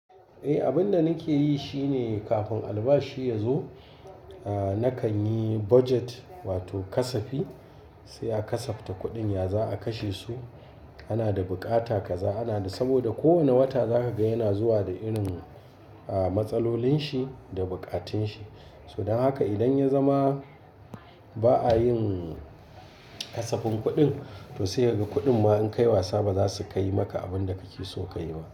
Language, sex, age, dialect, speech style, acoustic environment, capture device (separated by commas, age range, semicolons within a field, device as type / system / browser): Hausa, male, 45-54, Nigeria - Kano, happy, appliances, mobile / Linux / Chrome